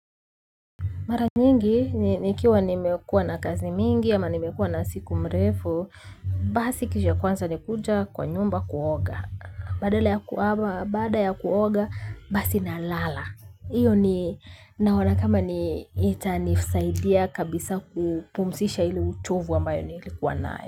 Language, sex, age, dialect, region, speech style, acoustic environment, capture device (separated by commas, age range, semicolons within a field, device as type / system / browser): Swahili, female, 25-34, Kenya - Nairobi Sheng-influenced, Kenya, focused, nature, mobile / Linux / Chrome